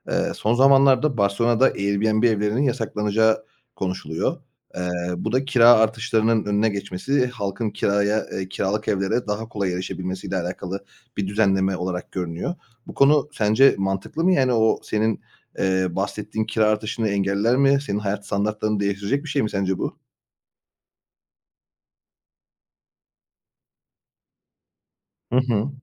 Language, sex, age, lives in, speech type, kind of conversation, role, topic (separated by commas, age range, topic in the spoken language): Turkish, male, 30-34, Bulgaria, conversation, podcast, host, Bir şehir seni hangi yönleriyle etkiler?